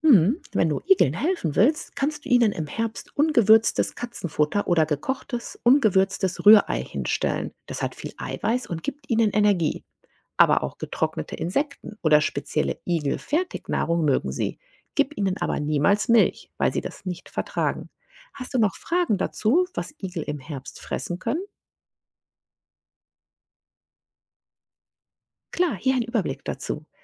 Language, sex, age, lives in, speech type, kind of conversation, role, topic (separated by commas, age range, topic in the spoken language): German, female, 40-44, United States, read, expert-assistant, expert, Wie schützen sich Igel im Winter?